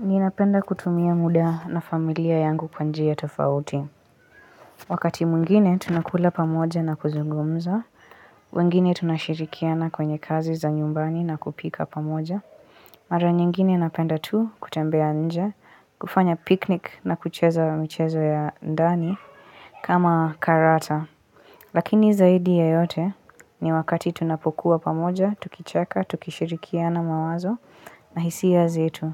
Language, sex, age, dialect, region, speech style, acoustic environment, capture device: Swahili, female, 18-24, Kenya - Mombasa, Kenya, focused, silence, mobile / Linux / Chrome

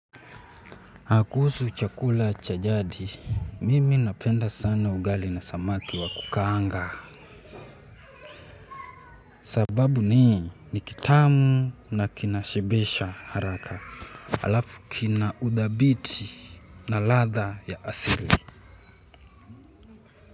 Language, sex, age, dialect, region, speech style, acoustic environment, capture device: Swahili, male, 18-24, Kenya - Nairobi Sheng-influenced, Kenya, happy; relaxed; focused, nature; silence, mobile / Linux / Chrome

